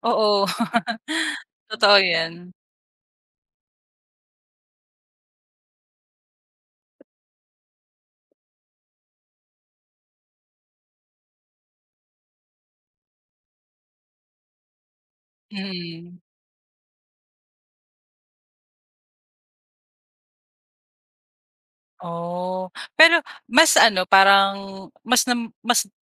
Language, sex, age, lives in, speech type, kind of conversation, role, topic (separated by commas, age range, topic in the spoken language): Filipino, female, 25-29, Philippines, conversation, podcast, host, Paano nakaapekto ang midyang panlipunan sa paraan mo ng panonood?